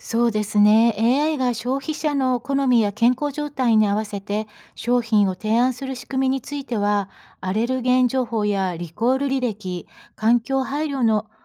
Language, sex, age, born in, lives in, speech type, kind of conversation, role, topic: Japanese, female, 55-59, Japan, United States, read, expert-assistant, expert, 食品の安全と新しい技術の進化で買い物はどう変わりますか？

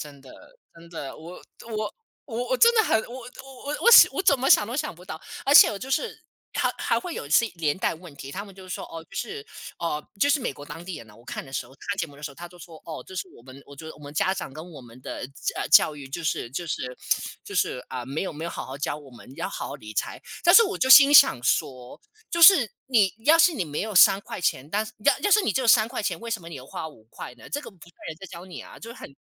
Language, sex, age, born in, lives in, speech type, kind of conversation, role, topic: Chinese, male, 30-34, China, United States, conversation, unstructured, none, 你怎么看待信用卡容易让人负债的问题？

